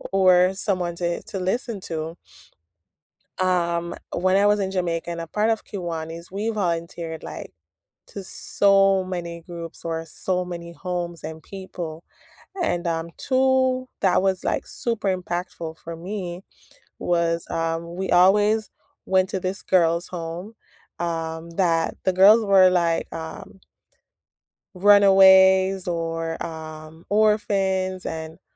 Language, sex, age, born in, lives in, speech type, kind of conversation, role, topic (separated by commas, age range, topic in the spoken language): English, female, 30-34, Jamaica, United States, conversation, unstructured, none, What are the benefits of volunteering locally?